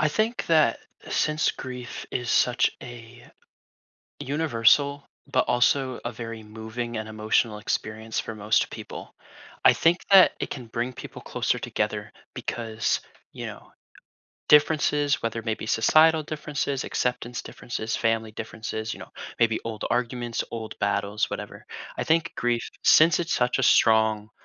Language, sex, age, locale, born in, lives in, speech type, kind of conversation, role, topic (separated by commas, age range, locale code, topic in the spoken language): English, male, 20-24, en-US, United States, United States, conversation, podcast, guest, How can experiencing loss together strengthen our relationships?